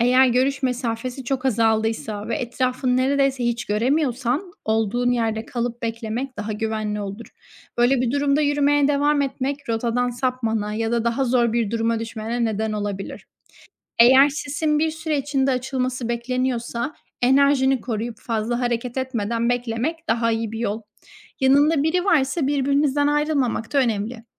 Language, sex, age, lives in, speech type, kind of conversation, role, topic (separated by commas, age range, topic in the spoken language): Turkish, female, 25-29, Spain, read, expert-assistant, expert, Doğa yürüyüşüne yeni başlayanlar için nelere dikkat etmek gerekir?